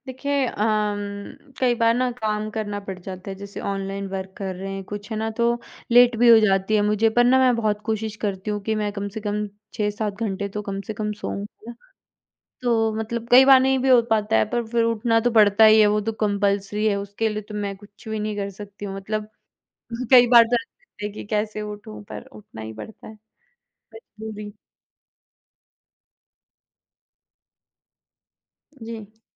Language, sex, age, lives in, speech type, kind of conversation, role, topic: Hindi, female, 20-24, India, conversation, podcast, guest, समय प्रबंधन के कौन-से तरीके सच में काम करते हैं?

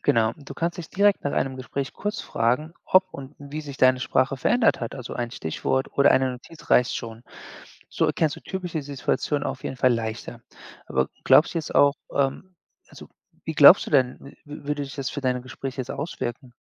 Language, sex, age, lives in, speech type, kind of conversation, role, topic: German, male, 40-44, United States, read, expert-assistant, expert, Wie kann ich herausfinden, warum Menschen in verschiedenen Situationen ganz unterschiedlich sprechen?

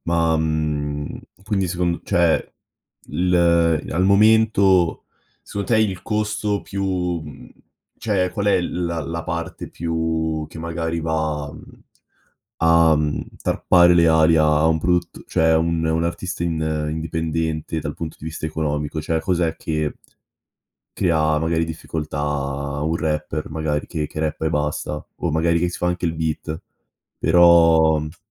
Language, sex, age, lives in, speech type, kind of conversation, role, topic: Italian, male, 18-19, Italy, conversation, podcast, host, Che rapporto hai con la musica nella vita di tutti i giorni?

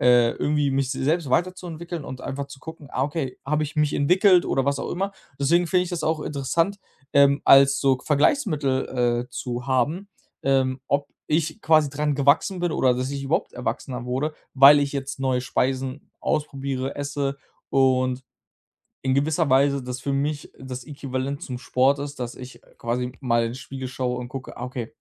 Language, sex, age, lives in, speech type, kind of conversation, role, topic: German, male, 25-29, Germany, conversation, podcast, guest, Welches Gericht weckt bei dir sofort Kindheitserinnerungen?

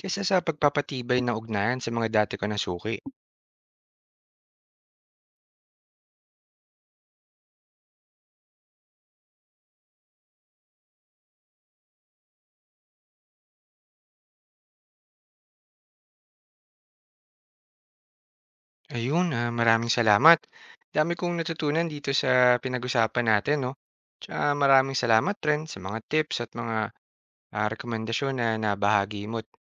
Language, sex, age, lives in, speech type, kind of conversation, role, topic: Filipino, male, 30-34, Philippines, read, expert-assistant, asker, Ano ang mas mahalaga unahin ng isang negosyo: ang maghanap ng bagong mga kustomer o ang mas pagbutihin ang ugnayan sa mga dati nang kustomer? Bakit ito mahalaga sa paglago ng negosyo?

